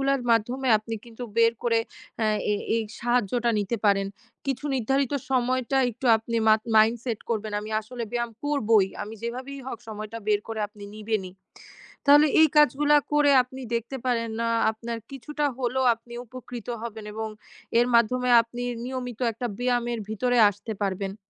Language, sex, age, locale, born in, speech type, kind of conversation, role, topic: Bengali, female, 20-24, bn-BD, Bangladesh, conversation, advice, advisor, আমি ব্যায়াম শুরু করেছি, কিন্তু নিয়মিত রাখতে পারছি না—আমি কীভাবে এটি বজায় রাখতে পারি?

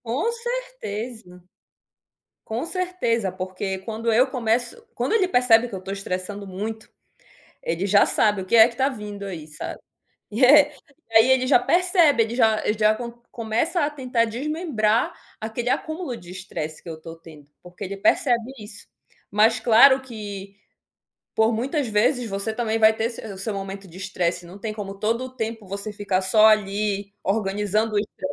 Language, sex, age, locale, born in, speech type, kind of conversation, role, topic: Portuguese, female, 30-34, pt-BR, Brazil, conversation, podcast, guest, Como vocês resolvem brigas em casa sem explodir?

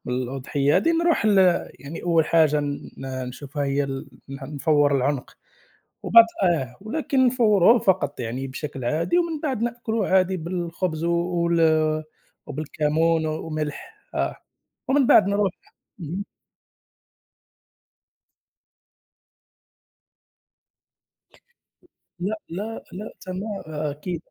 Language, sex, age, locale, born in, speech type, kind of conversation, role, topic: Arabic, male, 30-34, ar-MA, Morocco, conversation, unstructured, none, إيه أحلى ذكرياتك مع الأكلات اللي عيلتك بتعملها؟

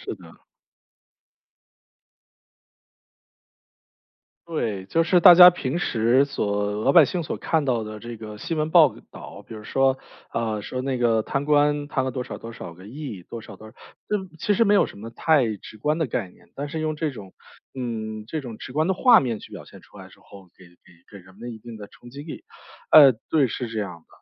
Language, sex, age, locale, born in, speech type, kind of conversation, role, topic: Chinese, male, 35-39, zh-CN, China, conversation, podcast, host, 你觉得一部剧之所以能爆火，最关键的因素有哪些？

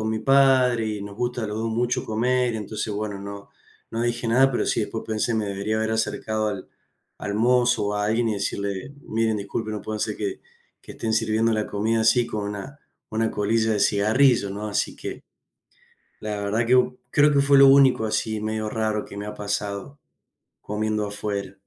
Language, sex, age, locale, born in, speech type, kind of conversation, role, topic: Spanish, male, 30-34, es-AR, Argentina, conversation, unstructured, none, ¿Cuál es tu comida rápida menos favorita y por qué?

